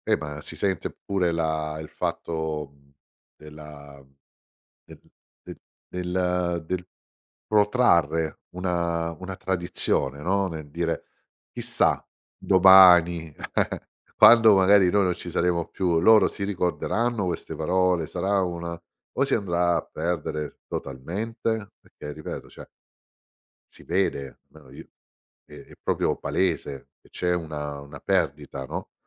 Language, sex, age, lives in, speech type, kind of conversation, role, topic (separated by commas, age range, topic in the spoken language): Italian, male, 50-54, Italy, conversation, podcast, guest, Che cosa significa per te mantenere viva una lingua?